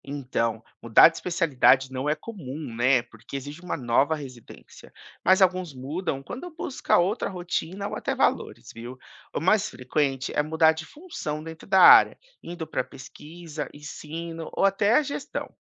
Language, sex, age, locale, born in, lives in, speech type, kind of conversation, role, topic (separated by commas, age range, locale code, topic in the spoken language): Portuguese, male, 30-34, pt-BR, Brazil, United States, read, expert-assistant, expert, O que muda no dia a dia de um médico ao trabalhar em especialidades como pediatria, cardiologia ou dermatologia?